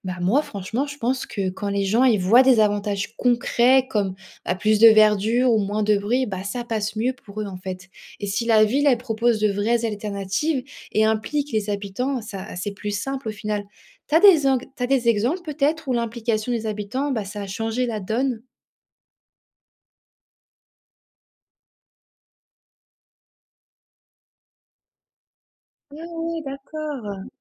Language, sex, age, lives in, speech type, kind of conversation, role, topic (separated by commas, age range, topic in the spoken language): French, female, 18-19, France, read, expert-assistant, asker, L'environnement est-il devenu une priorité majeure pour la santé publique en France ?